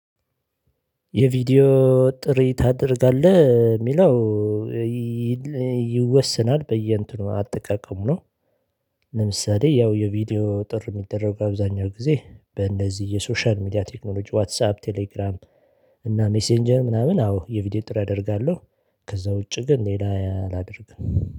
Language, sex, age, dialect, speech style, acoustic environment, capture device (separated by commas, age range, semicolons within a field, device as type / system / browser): Amharic, male, 35-44, Ethiopia - Addis Ababa, excited, silence, mobile / Linux / Chrome